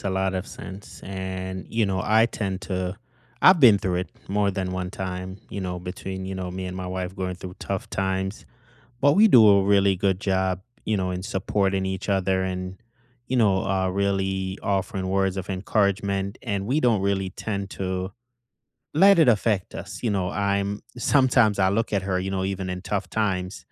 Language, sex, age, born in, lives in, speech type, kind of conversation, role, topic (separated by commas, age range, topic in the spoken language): English, male, 35-39, Jamaica, United States, conversation, unstructured, none, What small daily gestures make your relationship feel special?